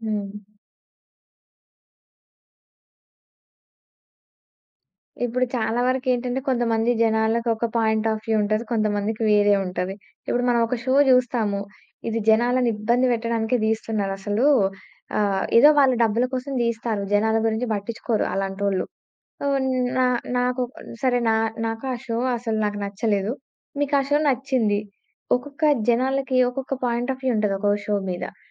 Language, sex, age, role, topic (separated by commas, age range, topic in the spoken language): Telugu, female, 20-24, guest, రియాలిటీ షోలపై నీ అభిప్రాయం ఏమిటి?